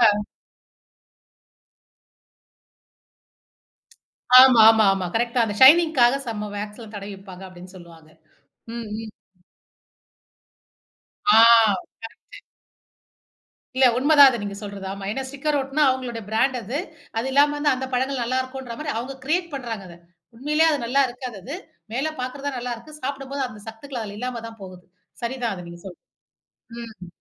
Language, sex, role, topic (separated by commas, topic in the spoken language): Tamil, female, host, உங்கள் சமையலுக்கான காய்கறிகளை நீங்கள் எங்கே வாங்குகிறீர்கள், ஏன் அந்த இடத்தையே தேர்வு செய்கிறீர்கள்?